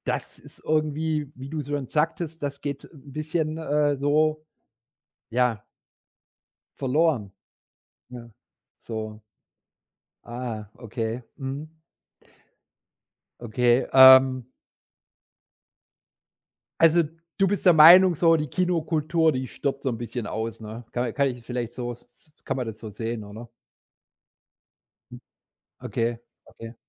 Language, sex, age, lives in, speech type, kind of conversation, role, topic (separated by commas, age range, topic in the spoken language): German, male, 45-49, Germany, conversation, podcast, host, Wie bewertest du die Entwicklung von Streamingdiensten?